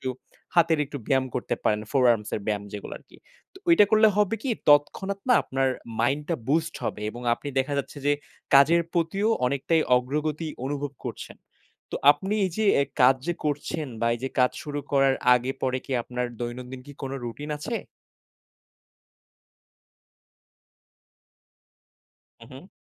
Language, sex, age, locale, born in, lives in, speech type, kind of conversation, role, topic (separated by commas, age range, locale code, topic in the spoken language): Bengali, male, 20-24, bn-BD, Bangladesh, Bangladesh, conversation, advice, advisor, বিরতি নিয়ে কীভাবে মনকে কাজের জন্য প্রস্তুত করা যায়?